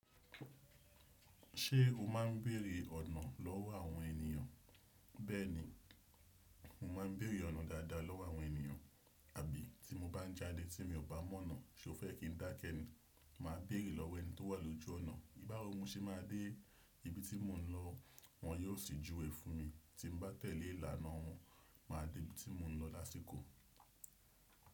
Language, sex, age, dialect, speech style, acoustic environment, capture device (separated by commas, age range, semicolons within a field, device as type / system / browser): Yoruba, male, 25-34, Nigeria - Nigerian Standard, relaxed, silence, desktop / Windows / Chrome